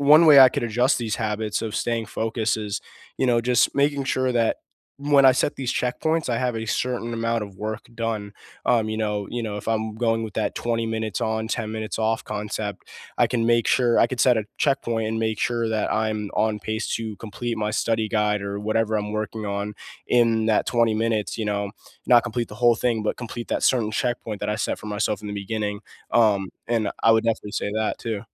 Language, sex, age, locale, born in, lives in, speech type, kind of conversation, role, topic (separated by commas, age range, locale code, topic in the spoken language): English, male, 18-19, en-US, United States, United States, conversation, unstructured, none, Which classroom technologies truly helped your learning, which ones distracted you, and how did you cope?